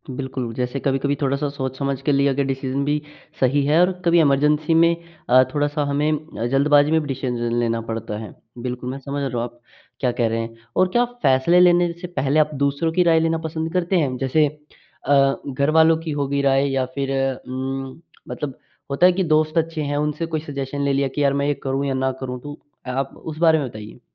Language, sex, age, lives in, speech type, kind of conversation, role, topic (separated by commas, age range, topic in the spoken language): Hindi, male, 18-19, India, conversation, podcast, host, आप बड़े निर्णय कैसे लेते हैं—दिल से या दिमाग से?